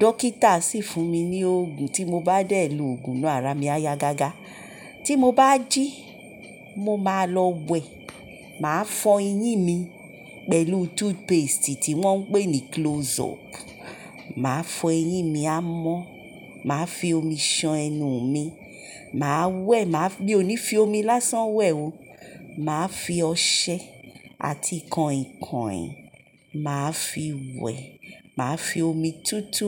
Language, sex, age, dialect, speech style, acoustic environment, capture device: Yoruba, male, 18-24, Nigeria - Lagos Yoruba, relaxed, silence, mobile / Linux / Chrome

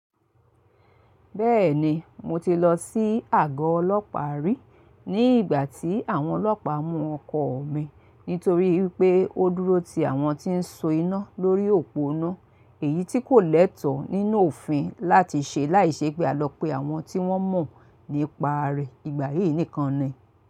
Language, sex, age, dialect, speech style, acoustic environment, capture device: Yoruba, female, 45-54, Nigeria - Lagos Yoruba, excited, silence, mobile / Linux / Chrome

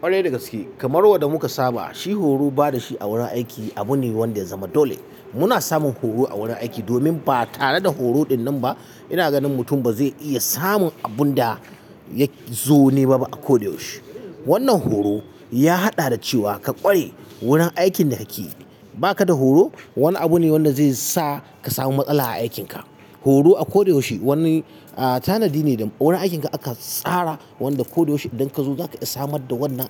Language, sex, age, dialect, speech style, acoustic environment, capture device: Hausa, male, 35-44, Nigeria - Katsina, excited; focused; happy; relaxed, silence, mobile / Linux / Chrome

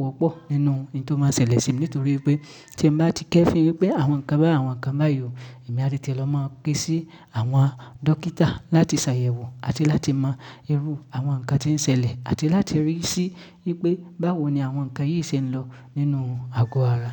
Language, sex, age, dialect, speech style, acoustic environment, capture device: Yoruba, male, 25-34, Nigeria - Ibadan, happy; focused, nature; silence, mobile / Linux / Chrome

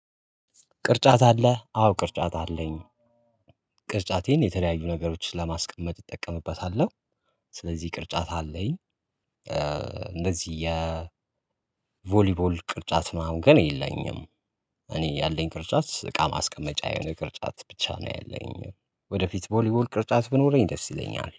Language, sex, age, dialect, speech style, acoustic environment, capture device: Amharic, male, 25-34, Ethiopia - Addis Ababa, relaxed, nature, mobile / Linux / Chrome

